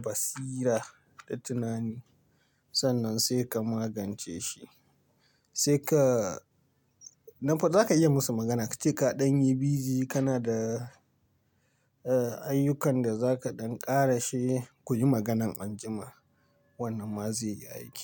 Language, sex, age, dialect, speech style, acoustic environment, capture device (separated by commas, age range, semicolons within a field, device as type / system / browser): Hausa, male, 25-34, Nigeria - Pidgin-influenced, relaxed; tired; nervous, nature, mobile / Linux / Chrome